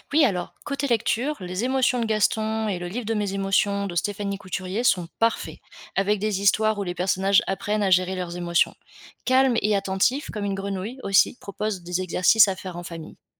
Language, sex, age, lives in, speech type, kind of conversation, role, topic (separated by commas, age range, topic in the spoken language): French, female, 25-29, France, read, expert-assistant, expert, Quels sont les meilleurs livres ou podcasts pour comprendre la physiologie humaine au quotidien ?